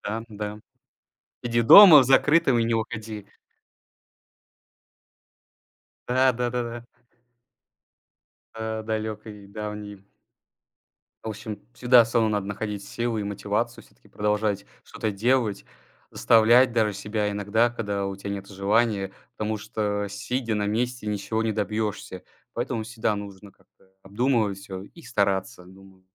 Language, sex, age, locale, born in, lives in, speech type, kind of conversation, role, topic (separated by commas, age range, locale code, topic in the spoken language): Russian, male, 20-24, ru-RU, Russia, Estonia, conversation, unstructured, none, Как ты реагируешь, когда кто-то говорит, что твои цели нереальны?